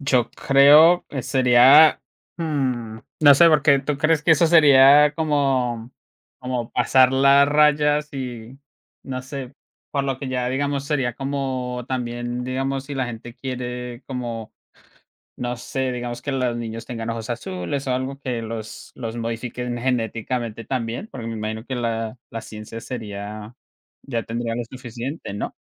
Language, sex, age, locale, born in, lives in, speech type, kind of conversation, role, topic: Spanish, male, 25-29, es-CO, Colombia, United States, conversation, unstructured, none, ¿Si pudieras viajar en el tiempo por un día, elegirías ir al pasado o al futuro?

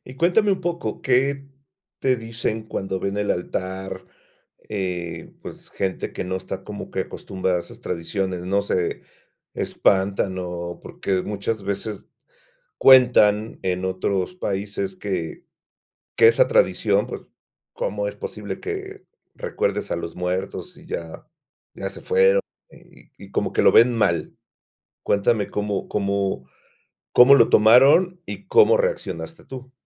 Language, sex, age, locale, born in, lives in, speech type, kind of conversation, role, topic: Spanish, male, 50-54, es-MX, Mexico, Mexico, conversation, podcast, host, ¿Cómo ha cambiado la tradición en tu familia a raíz de la migración?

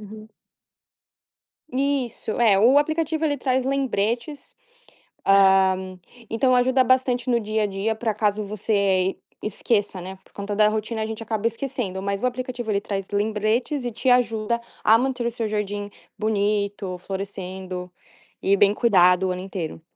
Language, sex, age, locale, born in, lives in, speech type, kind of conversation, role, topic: Portuguese, female, 20-24, pt-BR, Brazil, United States, conversation, podcast, guest, Como o celular entrou na sua rotina diária?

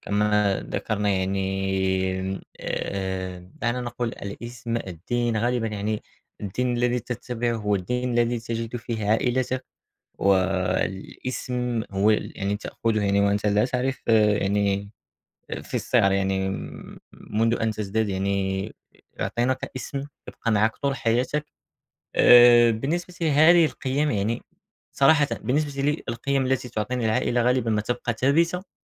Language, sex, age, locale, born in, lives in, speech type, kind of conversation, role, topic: Arabic, male, 18-19, ar-MA, Morocco, France, conversation, unstructured, none, هل شايف إن الهوية بتتغير مع الوقت؟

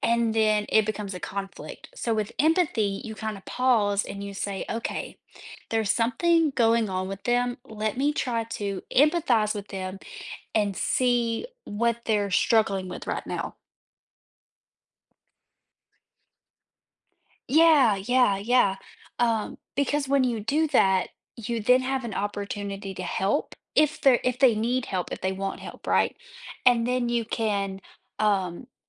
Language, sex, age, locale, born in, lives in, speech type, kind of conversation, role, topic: English, female, 25-29, en-US, United States, United States, conversation, podcast, guest, How does practicing empathy shape our relationships and communication with others?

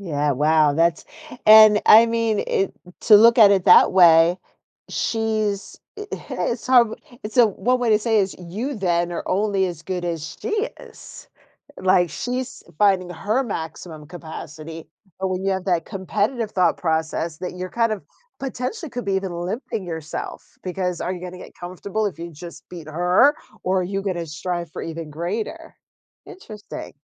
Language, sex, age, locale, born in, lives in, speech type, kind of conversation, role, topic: English, female, 45-49, en-US, United States, United States, conversation, podcast, host, How do your values and experiences shape your idea of success?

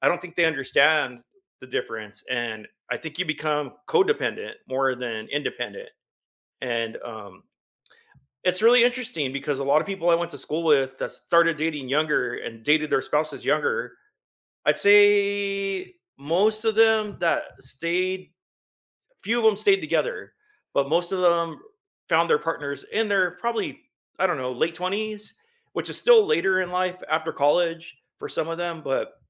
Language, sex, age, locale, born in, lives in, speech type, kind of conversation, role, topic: English, male, 50-54, en-US, United States, United States, conversation, unstructured, none, How do you balance independence and togetherness in close relationships?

